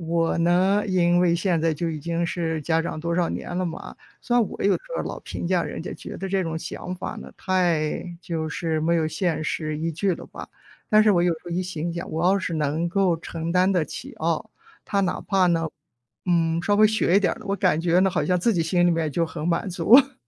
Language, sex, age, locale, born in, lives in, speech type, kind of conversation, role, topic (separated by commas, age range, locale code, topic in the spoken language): Chinese, female, 55-59, zh-CN, China, United States, conversation, unstructured, none, 你觉得追求梦想更重要，还是面对现实更重要？